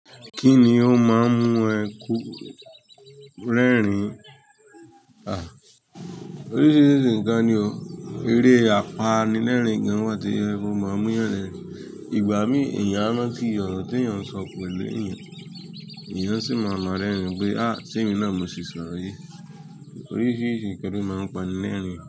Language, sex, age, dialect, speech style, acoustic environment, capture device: Yoruba, male, 25-34, Nigeria - Nigerian Standard, tired, nature; music; people talking; traffic, mobile / Linux / Chrome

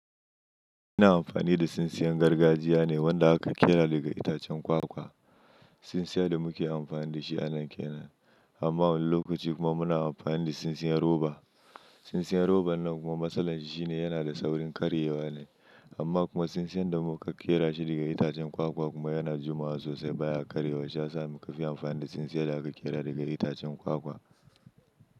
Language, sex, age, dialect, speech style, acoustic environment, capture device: Hausa, male, 25-34, Nigeria - Kano, happy; anxious, nature; silence, mobile / Linux / Chrome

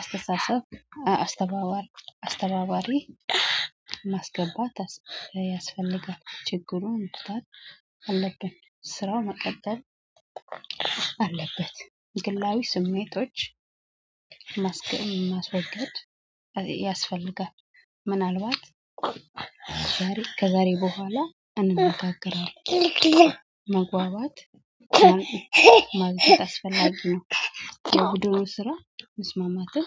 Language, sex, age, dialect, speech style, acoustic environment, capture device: Amharic, female, 25-34, Ethiopia - Addis Ababa, happy, people talking, mobile / Android / Chrome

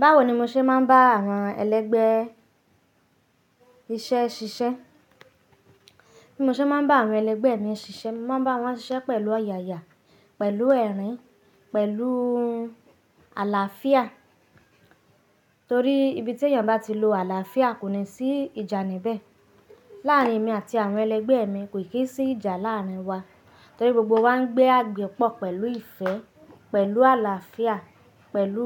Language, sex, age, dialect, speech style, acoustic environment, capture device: Yoruba, female, 25-34, Nigeria - Nigerian Standard, happy, silence, mobile / Linux / Chrome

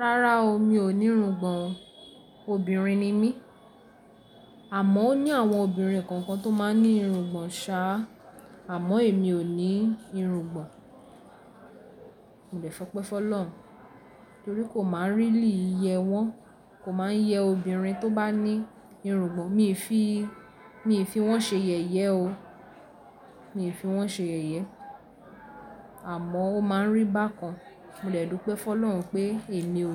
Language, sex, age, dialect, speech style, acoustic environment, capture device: Yoruba, female, 18-24, Nigeria - Lagos Yoruba, happy; excited; focused, people talking, mobile / Linux / Chrome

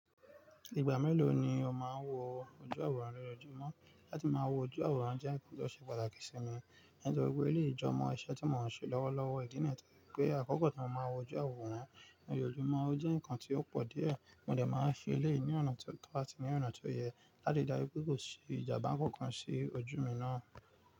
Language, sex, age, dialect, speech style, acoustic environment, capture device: Yoruba, male, 25-34, Nigeria - Nigerian Standard, focused, nature, mobile / Linux / Chrome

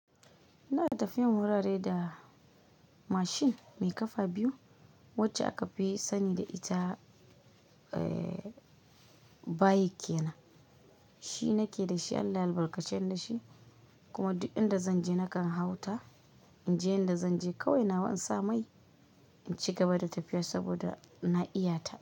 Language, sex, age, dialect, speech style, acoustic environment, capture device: Hausa, female, 25-34, Nigeria - Kano, relaxed, nature, mobile / Linux / Chrome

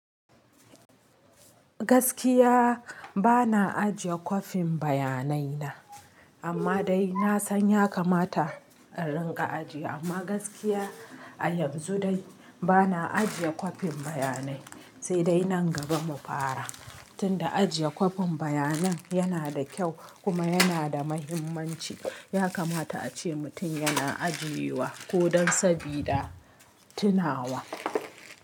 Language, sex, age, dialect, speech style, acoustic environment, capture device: Hausa, female, 25-34, Nigeria - Kano, relaxed, nature; people talking, mobile / Linux / Chrome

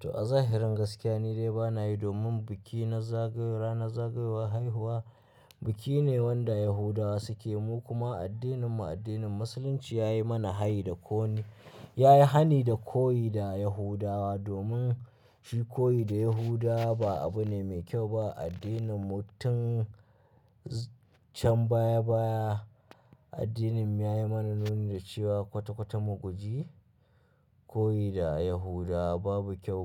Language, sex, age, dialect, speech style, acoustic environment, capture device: Hausa, male, 25-34, Nigeria - Kano, happy, people talking, mobile / Linux / Chrome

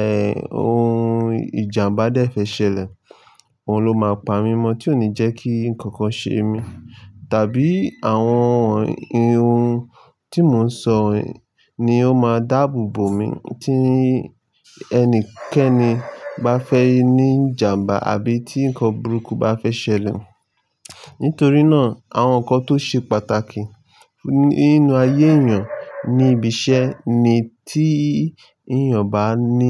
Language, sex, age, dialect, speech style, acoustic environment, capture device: Yoruba, male, 25-34, Nigeria - Lagos Yoruba, happy; excited; nervous, nature, mobile / Linux / Chrome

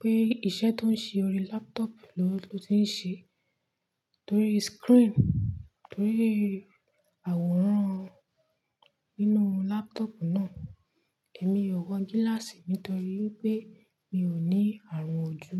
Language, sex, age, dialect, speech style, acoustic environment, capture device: Yoruba, female, 25-34, Nigeria - Nigerian Standard, relaxed, nature, mobile / Linux / Chrome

